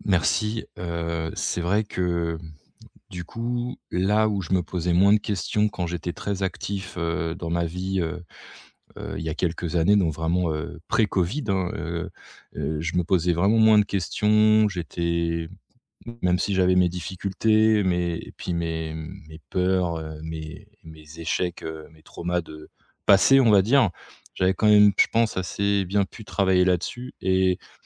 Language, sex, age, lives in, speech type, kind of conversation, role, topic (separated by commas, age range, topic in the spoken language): French, male, 35-39, France, conversation, advice, user, Comment éviter de refaire les mêmes erreurs en commençant une nouvelle relation après une rupture ?